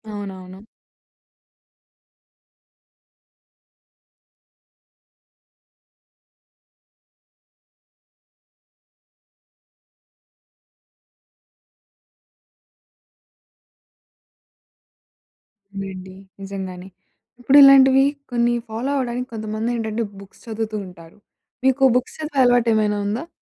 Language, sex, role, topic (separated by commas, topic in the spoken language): Telugu, female, host, మీతో మీరు దయగా ఎలా మాట్లాడుకుంటారు?